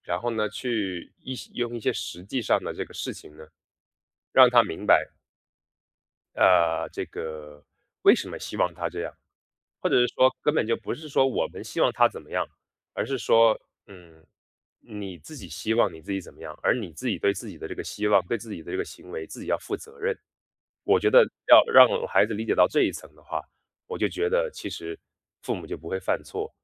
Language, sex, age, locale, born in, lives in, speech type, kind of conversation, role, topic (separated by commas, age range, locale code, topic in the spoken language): Chinese, male, 30-34, zh-CN, China, United States, conversation, podcast, guest, 当父母犯错时，是否应该向孩子道歉？